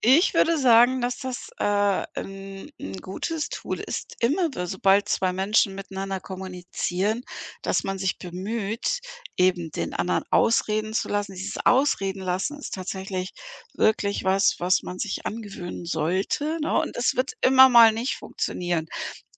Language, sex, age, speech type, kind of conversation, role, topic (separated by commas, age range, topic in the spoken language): German, female, 55-59, conversation, podcast, guest, Wie wichtig ist Zuhören beim Vertrauensaufbau?